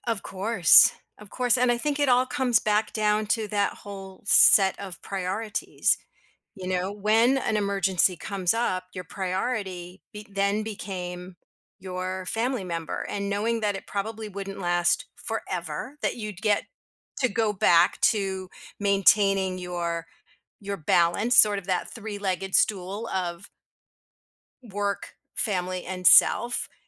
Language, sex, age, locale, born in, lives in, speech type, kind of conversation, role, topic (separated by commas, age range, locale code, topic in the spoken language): English, female, 55-59, en-US, United States, United States, conversation, podcast, host, How do you stay motivated and focused when your plans or priorities need to change?